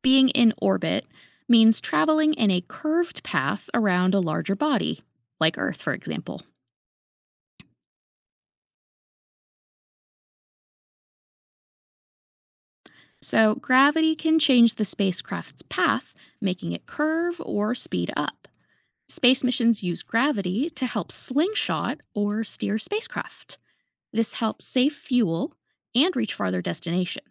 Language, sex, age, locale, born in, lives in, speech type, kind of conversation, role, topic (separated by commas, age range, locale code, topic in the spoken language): English, female, 30-34, en-US, United States, United States, read, expert-assistant, expert, Explain how gravity affects space travel.